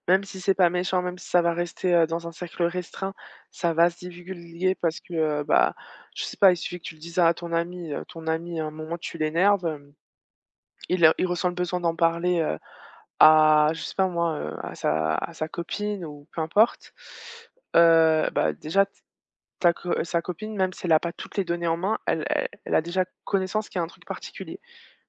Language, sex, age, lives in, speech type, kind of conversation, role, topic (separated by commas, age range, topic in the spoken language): French, female, 25-29, France, conversation, unstructured, none, Comment réagiriez-vous si vous vous réveilliez soudainement avec la capacité de voler ?